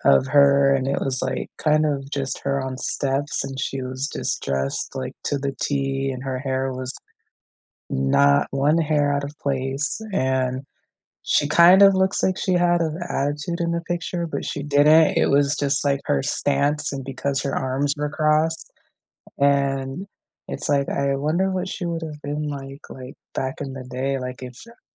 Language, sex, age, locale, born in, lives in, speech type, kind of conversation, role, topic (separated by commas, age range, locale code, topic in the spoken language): English, female, 35-39, en-US, United States, United States, conversation, unstructured, none, What is the happiest birthday you remember, and what made it special?